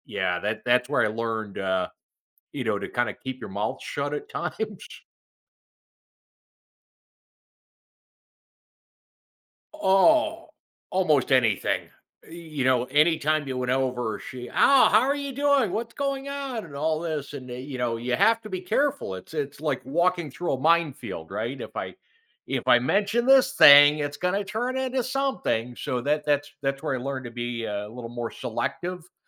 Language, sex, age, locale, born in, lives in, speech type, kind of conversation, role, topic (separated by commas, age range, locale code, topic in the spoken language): English, male, 55-59, en-US, United States, United States, conversation, podcast, guest, How can we express our opinions honestly while still being considerate of others' feelings?